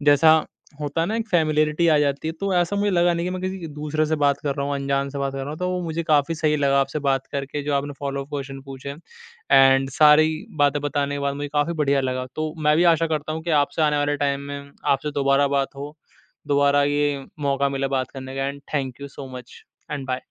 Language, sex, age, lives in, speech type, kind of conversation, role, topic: Hindi, male, 20-24, India, conversation, podcast, guest, Tum apni instinct aur social pressure ke beech balance kaise banate ho?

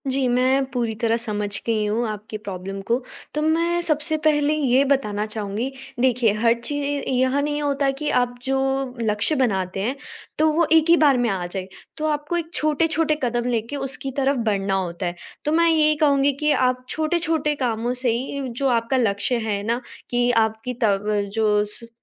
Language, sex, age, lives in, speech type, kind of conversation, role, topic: Hindi, female, 18-19, India, conversation, advice, advisor, मैं नियमित व्यायाम बनाए रखने में लगातार असफल क्यों हो रहा/रही हूँ?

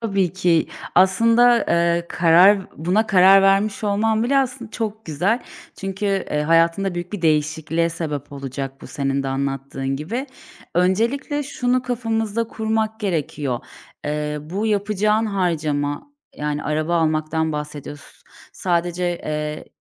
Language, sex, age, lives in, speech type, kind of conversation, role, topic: Turkish, female, 30-34, Bulgaria, conversation, advice, advisor, Büyük bir harcama için nasıl birikim yapacağımı nasıl netleştirebilirim?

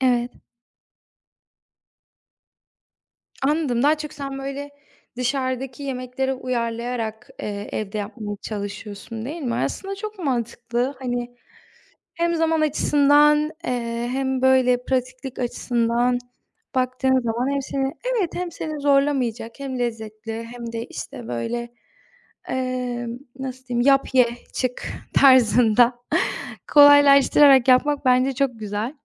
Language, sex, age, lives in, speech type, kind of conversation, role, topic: Turkish, female, 20-24, Poland, conversation, unstructured, none, Yemek yapmayı sever misin, en çok hangi yemeği yapmayı seversin?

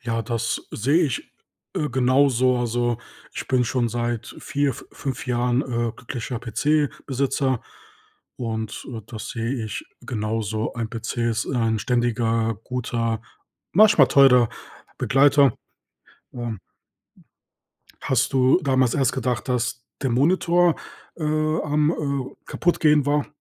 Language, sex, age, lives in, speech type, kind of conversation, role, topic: German, male, 30-34, Germany, conversation, podcast, host, Welche Technikpanne wirst du nie vergessen?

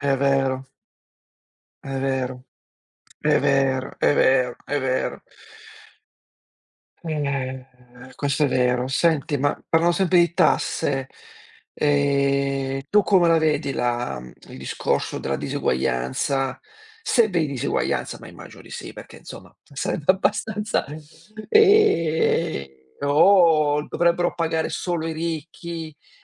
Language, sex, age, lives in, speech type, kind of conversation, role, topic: Italian, male, 45-49, Italy, conversation, unstructured, none, Pensi che sia giusto pagare tasse elevate?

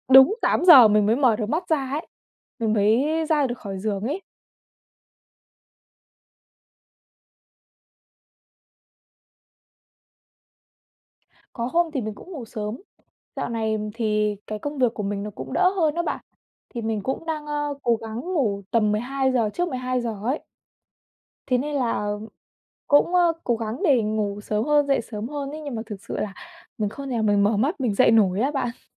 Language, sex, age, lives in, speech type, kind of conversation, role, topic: Vietnamese, female, 20-24, Vietnam, conversation, advice, user, Làm sao để cân bằng công việc và ngủ đủ giấc mỗi ngày?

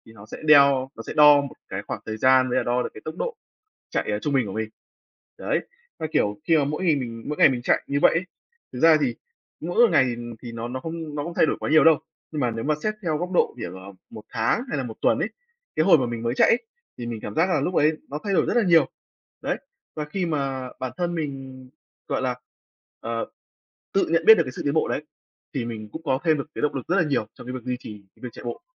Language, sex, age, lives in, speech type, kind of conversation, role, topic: Vietnamese, male, 20-24, Vietnam, conversation, unstructured, none, Tập thể dục có thể giúp giảm căng thẳng như thế nào?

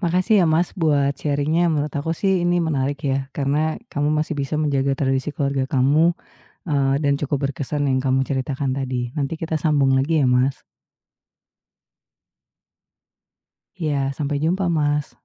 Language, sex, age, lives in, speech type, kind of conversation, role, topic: Indonesian, female, 35-39, Indonesia, conversation, podcast, host, Apa tradisi keluarga yang paling berkesan bagi Anda?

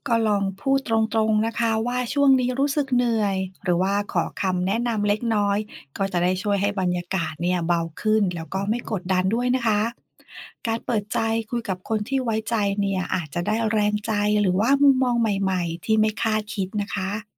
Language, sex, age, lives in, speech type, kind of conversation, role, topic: Thai, female, 40-44, Thailand, read, expert-assistant, expert, ทำอย่างไรให้ยังมีกำลังใจเดินต่อเมื่อธุรกิจไม่เป็นอย่างที่หวัง?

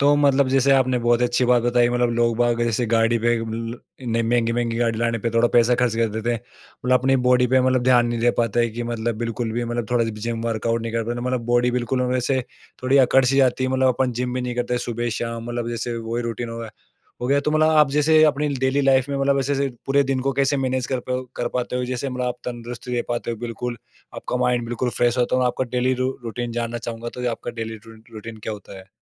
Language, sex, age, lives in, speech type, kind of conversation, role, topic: Hindi, male, 18-19, India, conversation, podcast, host, Subah uthte hi aap kaunsi aasan man-aur-sharir ki kasrat karte hain?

